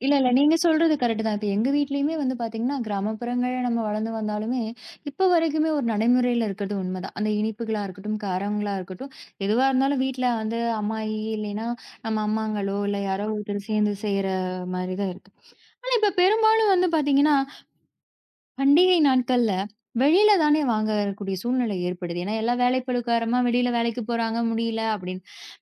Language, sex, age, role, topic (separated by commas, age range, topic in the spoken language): Tamil, female, 30-34, host, பண்டிகைகளில் மட்டுமே செய்யப்படும் சிறப்பு உணவுகள் பற்றிய உங்கள் நினைவுகளைப் பகிர முடியுமா?